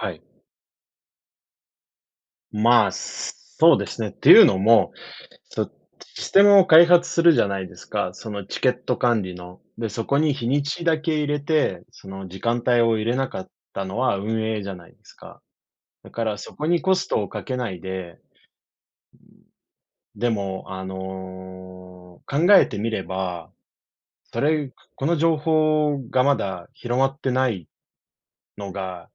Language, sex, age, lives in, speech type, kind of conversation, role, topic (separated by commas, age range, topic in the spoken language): Japanese, male, 30-34, Japan, conversation, unstructured, none, 迷惑行為をしている人には、どのように声をかけますか？